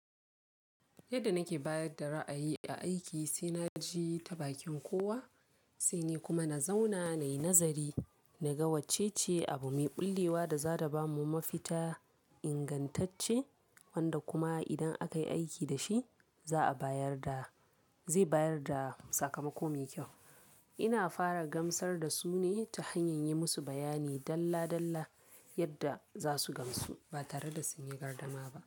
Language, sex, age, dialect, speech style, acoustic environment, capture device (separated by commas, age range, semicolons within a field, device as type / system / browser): Hausa, female, 18-24, Nigeria - Kano, focused, people talking; silence; appliances, mobile / Linux / Chrome